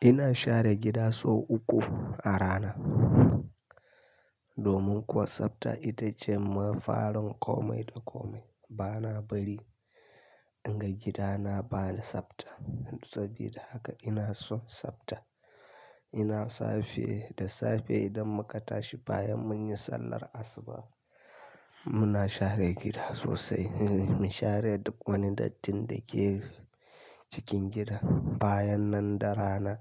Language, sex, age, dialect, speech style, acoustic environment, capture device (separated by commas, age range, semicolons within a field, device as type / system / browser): Hausa, male, 25-34, Nigeria - Kano, happy; tired; anxious; relaxed; stressed; focused; excited; nervous, people talking; music; nature; silence; traffic; construction; appliances, mobile / Linux / Chrome